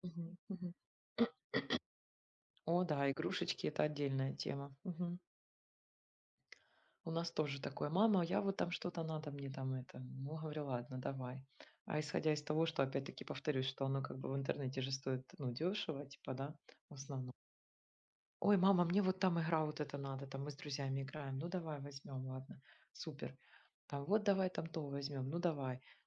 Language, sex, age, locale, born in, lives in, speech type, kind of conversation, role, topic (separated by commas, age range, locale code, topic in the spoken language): Russian, female, 40-44, ru-RU, Ukraine, Spain, conversation, unstructured, none, Как вы относитесь к онлайн-покупкам и к тому, как они влияют на вашу жизнь?